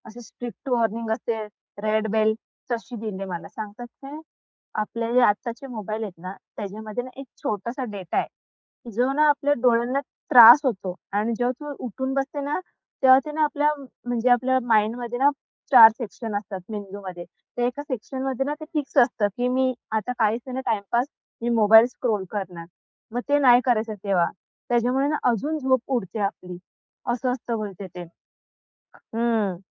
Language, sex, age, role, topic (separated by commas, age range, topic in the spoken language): Marathi, female, 25-29, guest, मधल्या रात्री जाग आली तर पुन्हा झोप कशी लागते?